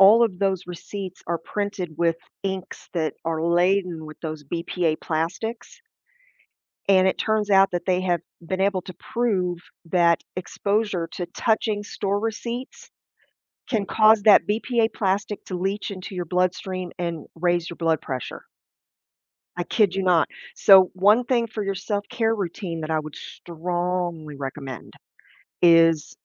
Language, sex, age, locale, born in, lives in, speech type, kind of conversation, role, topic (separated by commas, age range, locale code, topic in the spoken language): English, female, 50-54, en-US, United States, United States, conversation, advice, advisor, How can I make time for self-care in my busy schedule?